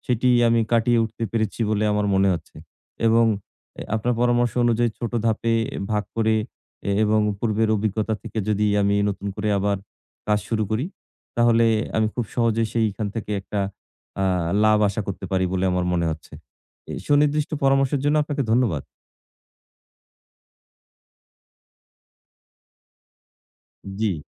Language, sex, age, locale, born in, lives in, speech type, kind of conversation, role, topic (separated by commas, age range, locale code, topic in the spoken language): Bengali, male, 40-44, bn-BD, Bangladesh, Bangladesh, conversation, advice, user, ব্যর্থ হওয়ার ভয়ে আপনি নতুন কিছু চেষ্টা করা এড়িয়ে চলছেন—এখন কী করা উচিত?